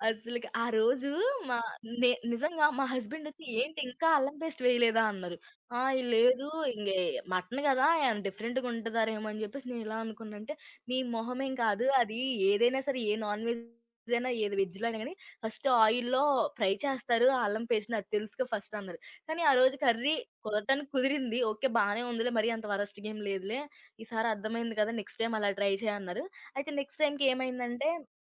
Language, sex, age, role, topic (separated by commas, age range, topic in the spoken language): Telugu, female, 20-24, guest, వంటలో కొత్త రుచులతో ప్రయోగాలు చేయడం మీకు ఎందుకు సరదాగా అనిపిస్తుంది?